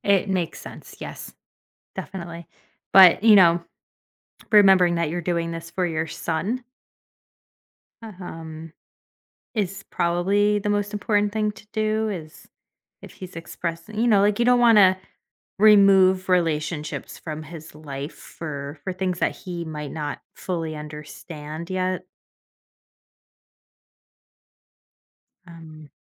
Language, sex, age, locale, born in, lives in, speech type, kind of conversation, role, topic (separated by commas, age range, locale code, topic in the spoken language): English, female, 30-34, en-US, United States, United States, conversation, advice, advisor, How can I set boundaries with my sibling about family gatherings?